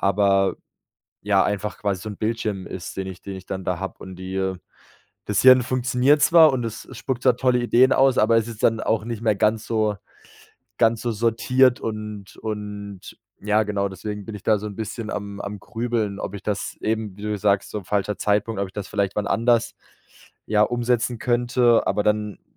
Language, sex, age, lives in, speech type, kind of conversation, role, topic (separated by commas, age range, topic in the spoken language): German, male, 25-29, Germany, conversation, advice, user, Welche grübelnden Gedanken halten dich nachts wach, sodass du nicht einschlafen oder loslassen kannst?